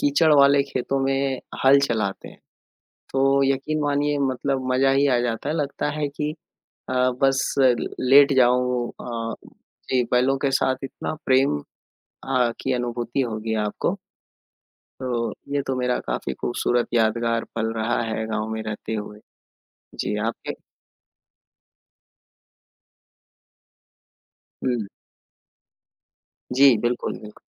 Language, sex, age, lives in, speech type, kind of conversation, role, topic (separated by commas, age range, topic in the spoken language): Hindi, male, 25-29, India, conversation, unstructured, none, आपका सबसे यादगार और प्रेरणादायक अनुभव क्या है?